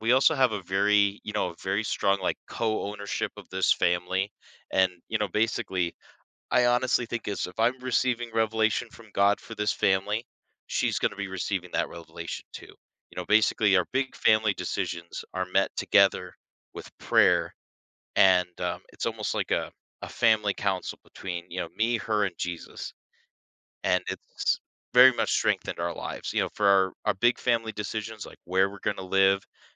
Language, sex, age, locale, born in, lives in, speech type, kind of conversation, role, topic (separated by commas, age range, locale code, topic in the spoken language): English, male, 30-34, en-US, United States, United States, conversation, podcast, guest, How has faith shaped your life and the choices you make?